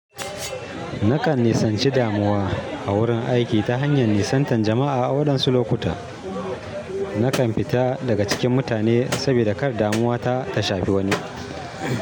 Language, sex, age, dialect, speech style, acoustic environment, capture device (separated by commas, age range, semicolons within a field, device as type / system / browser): Hausa, male, 35-44, Nigeria - Kano, happy, people talking, mobile / Linux / Chrome